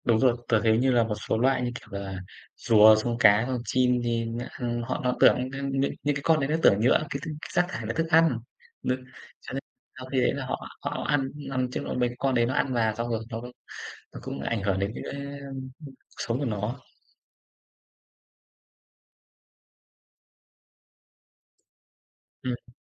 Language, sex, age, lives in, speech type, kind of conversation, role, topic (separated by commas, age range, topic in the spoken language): Vietnamese, male, 25-29, Vietnam, conversation, unstructured, none, Bạn cảm thấy thế nào khi thấy rác thải nhựa tràn ngập trong đại dương?